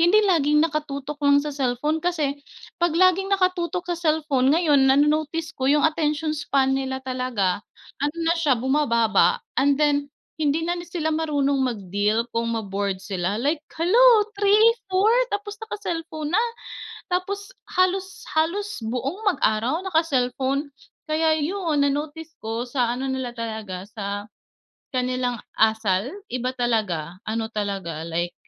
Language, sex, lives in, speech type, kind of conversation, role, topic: Filipino, female, Philippines, conversation, unstructured, none, Paano nakakatulong ang teknolohiya sa araw-araw mong buhay?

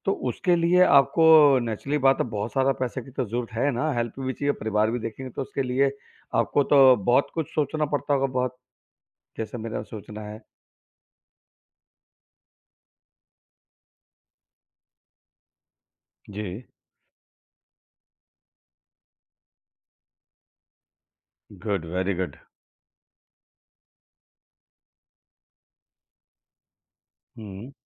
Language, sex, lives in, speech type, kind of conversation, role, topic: Hindi, male, India, conversation, podcast, host, Agar naukri mein junoon nahi hai, lekin paisa achha mil raha hai, to tum kya karte ho?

